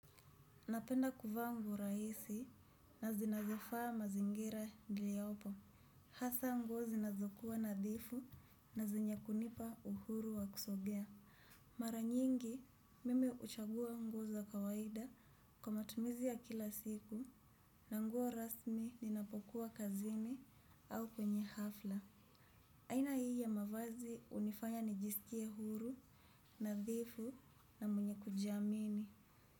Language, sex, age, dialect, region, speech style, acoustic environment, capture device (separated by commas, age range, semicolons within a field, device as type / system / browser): Swahili, female, 25-34, Kenya - Kiambu, Kenya, relaxed, silence, desktop / Windows / Chrome